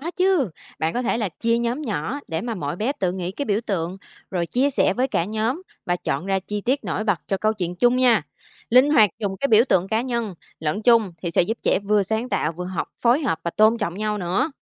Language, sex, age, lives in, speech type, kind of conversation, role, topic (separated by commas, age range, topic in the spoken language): Vietnamese, female, 25-29, Vietnam, read, expert-assistant, expert, Những biện pháp nào giúp truyền lại câu chuyện lịch sử tôn giáo cho thế hệ trẻ một cách gần gũi, dễ hiểu?